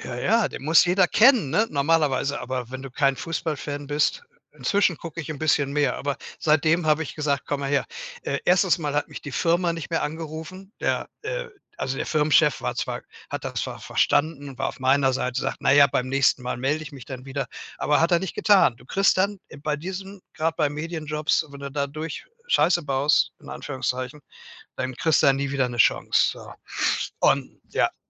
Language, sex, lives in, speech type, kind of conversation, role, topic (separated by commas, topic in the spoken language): German, male, Germany, conversation, advice, user, Wie gehst du nach einem Rückschlag mit Motivationsverlust und Zweifeln an deinem Ziel um?